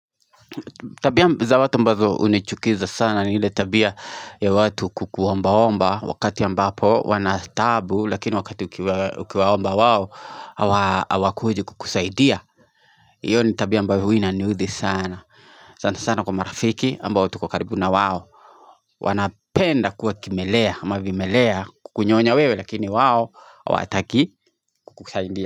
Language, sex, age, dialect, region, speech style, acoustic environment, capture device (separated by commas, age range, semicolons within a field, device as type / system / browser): Swahili, male, 25-34, Kenya - Nairobi Sheng-influenced, Kenya, excited; focused, people talking, mobile / Linux / Chrome